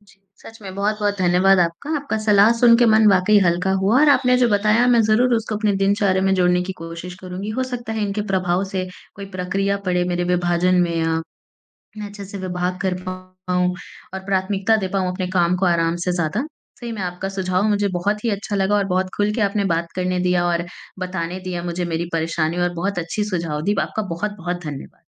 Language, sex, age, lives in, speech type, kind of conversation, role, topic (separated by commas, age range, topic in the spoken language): Hindi, female, 20-24, India, conversation, advice, user, मैं काम और विश्राम के बीच साफ़ सीमा कैसे बना सकता/सकती हूँ ताकि रचनात्मक बना/बनी रहूँ?